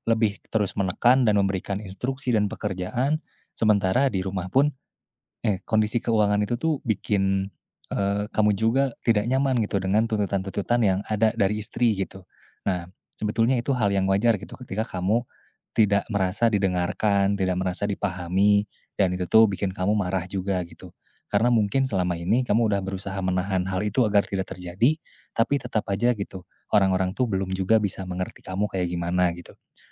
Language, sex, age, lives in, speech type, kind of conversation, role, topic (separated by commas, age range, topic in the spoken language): Indonesian, male, 20-24, Indonesia, conversation, advice, advisor, Mengapa saya sering marah lalu menyesal?